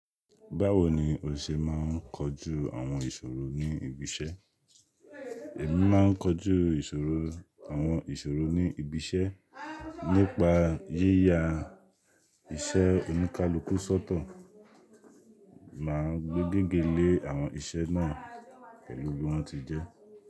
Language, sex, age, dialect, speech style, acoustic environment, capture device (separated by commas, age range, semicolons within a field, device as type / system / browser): Yoruba, male, 25-34, Nigeria - Lagos, relaxed, nature, desktop / Linux / Chrome